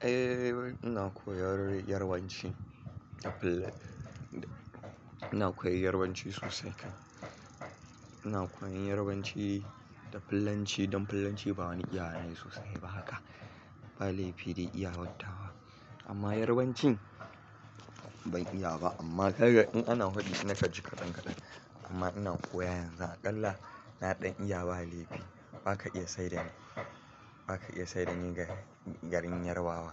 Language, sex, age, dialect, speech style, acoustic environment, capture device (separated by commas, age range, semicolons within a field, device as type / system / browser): Hausa, male, 25-34, Nigeria - Kano, focused; excited, traffic; construction; appliances; nature; people talking, mobile / Linux / Chrome